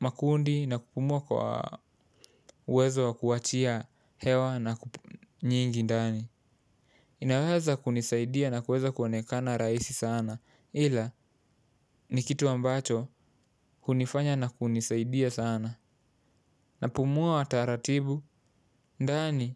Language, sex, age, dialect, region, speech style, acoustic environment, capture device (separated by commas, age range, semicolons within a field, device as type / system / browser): Swahili, male, 18-24, Kenya - Mombasa, Kenya, relaxed; focused, silence, mobile / Linux / Chrome